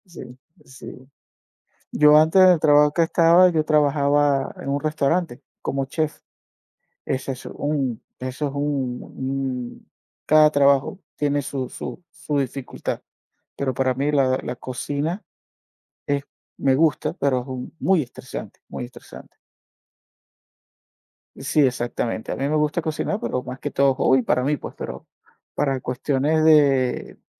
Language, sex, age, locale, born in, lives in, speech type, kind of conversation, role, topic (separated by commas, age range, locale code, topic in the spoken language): Spanish, male, 45-49, es-VE, Venezuela, United States, conversation, unstructured, none, ¿Alguna vez has sentido que tu trabajo te ha agotado emocionalmente?